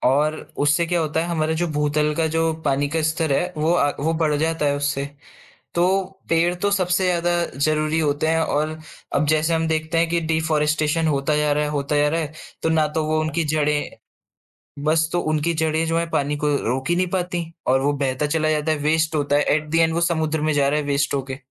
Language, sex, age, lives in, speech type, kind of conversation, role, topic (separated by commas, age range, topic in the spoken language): Hindi, male, 20-24, India, conversation, podcast, guest, Rozmarra zindagi mein paani bachane ke kuchh simple tareeke kya hain?